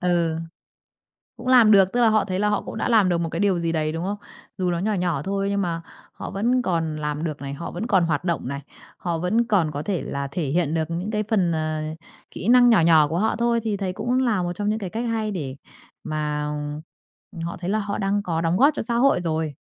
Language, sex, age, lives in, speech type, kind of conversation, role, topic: Vietnamese, female, 30-34, Vietnam, conversation, podcast, host, Làm sao để người cao tuổi không bị cô lập trong xã hội?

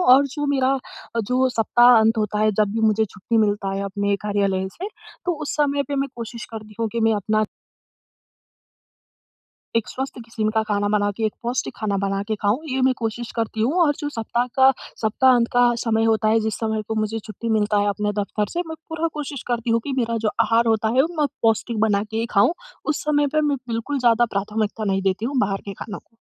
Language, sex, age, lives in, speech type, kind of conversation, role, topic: Hindi, female, 20-24, India, conversation, advice, user, कम समय में मैं पौष्टिक और संतुलित भोजन कैसे तैयार करूँ?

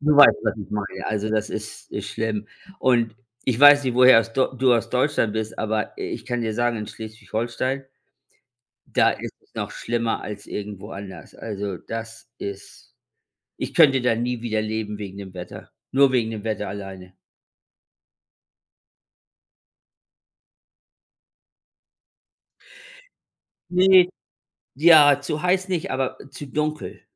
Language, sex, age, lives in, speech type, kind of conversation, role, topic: German, male, 55-59, United States, conversation, unstructured, none, Warum glauben manche Menschen nicht an den Klimawandel?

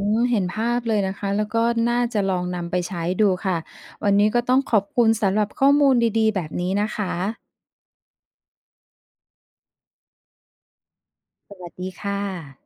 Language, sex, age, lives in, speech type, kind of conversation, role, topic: Thai, female, 50-54, Thailand, read, expert-assistant, asker, ถ้าเราชวนกลุ่มเพื่อนต่างวัยมาแลกเปลี่ยนมุมมองเกี่ยวกับเรื่องเดียวกัน จะช่วยให้เห็นแง่มุมใหม่ของวรรณกรรมได้ไหม?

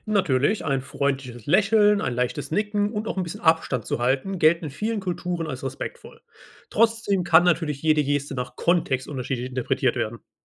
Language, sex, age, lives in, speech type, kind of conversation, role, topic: German, male, 25-29, Germany, read, expert-assistant, expert, Warum glauben Menschen, dass manche Dinge „heilig“ oder besonders sind?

